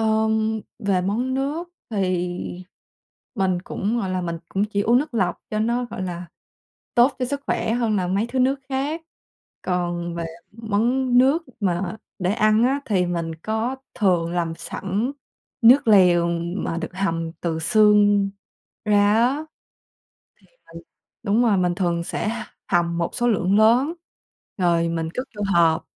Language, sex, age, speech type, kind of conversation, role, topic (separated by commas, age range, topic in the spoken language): Vietnamese, female, 20-24, conversation, podcast, guest, Bạn thường chuẩn bị bữa tối nhanh như thế nào vào những ngày bận rộn?